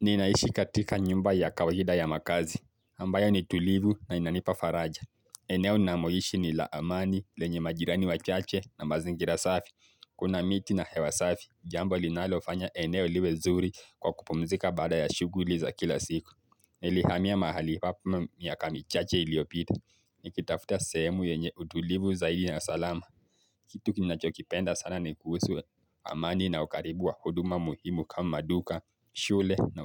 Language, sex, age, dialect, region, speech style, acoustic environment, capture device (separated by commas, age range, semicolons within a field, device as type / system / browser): Swahili, male, 18-24, Kenya - Mombasa, Kenya, focused, nature, mobile / Linux / Chrome